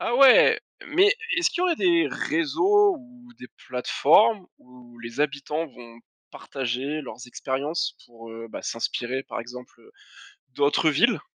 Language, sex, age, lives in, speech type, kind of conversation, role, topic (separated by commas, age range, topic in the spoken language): French, male, 25-29, France, read, expert-assistant, asker, Où chercher pour suivre les débats entre météorologues sur les changements climatiques et leurs effets concrets en France ?